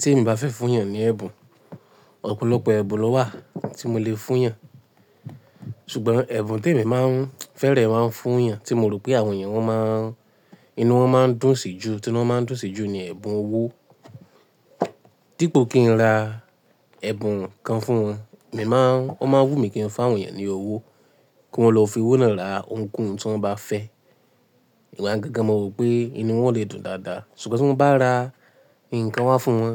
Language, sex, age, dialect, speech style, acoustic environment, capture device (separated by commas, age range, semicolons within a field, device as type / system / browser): Yoruba, male, 18-24, Nigeria - Ibadan, happy, silence, mobile / Linux / Chrome